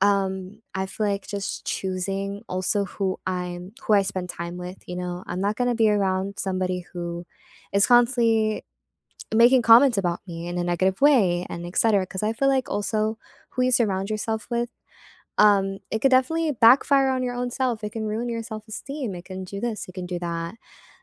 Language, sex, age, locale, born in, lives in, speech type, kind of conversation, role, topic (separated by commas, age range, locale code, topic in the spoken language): English, female, 18-19, en-US, United States, United States, conversation, unstructured, none, What helps you feel comfortable in your own skin?